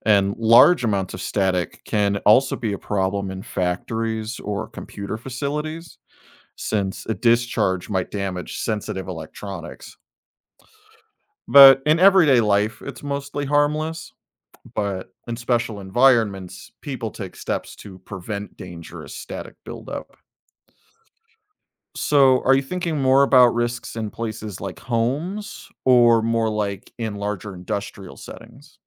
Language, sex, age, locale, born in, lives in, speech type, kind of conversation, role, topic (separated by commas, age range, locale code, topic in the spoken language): English, male, 35-39, en-US, United States, United States, read, expert-assistant, expert, What is static electricity and how does it work?